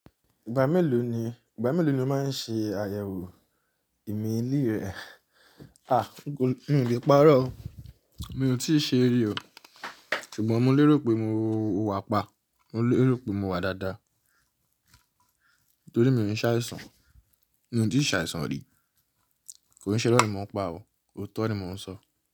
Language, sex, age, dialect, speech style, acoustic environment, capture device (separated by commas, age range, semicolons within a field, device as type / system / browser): Yoruba, male, 25-34, Nigeria - Nigerian Standard, focused, silence, mobile / Linux / Chrome